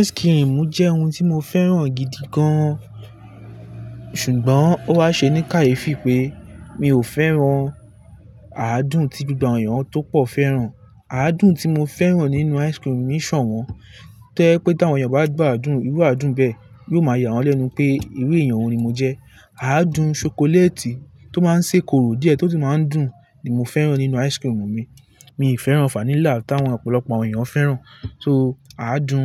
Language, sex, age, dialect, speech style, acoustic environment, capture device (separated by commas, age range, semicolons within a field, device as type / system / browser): Yoruba, male, 18-24, Nigeria - Lagos Yoruba, relaxed, nature, mobile / Linux / Chrome